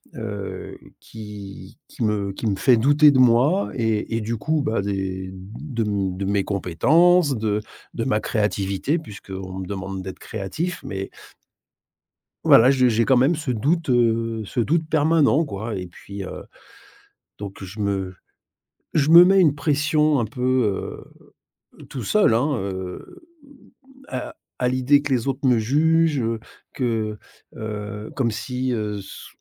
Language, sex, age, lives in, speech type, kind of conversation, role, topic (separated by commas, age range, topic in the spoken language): French, male, 50-54, Spain, conversation, advice, user, Avez-vous peur que votre travail soit jugé ou rejeté ?